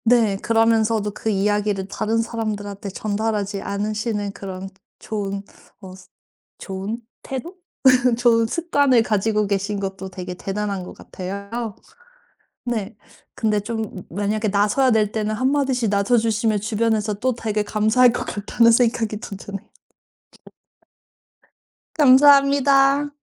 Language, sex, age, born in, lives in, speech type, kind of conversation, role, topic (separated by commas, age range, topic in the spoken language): Korean, female, 35-39, South Korea, United States, conversation, podcast, host, 자기만의 목소리를 어떻게 찾았나요?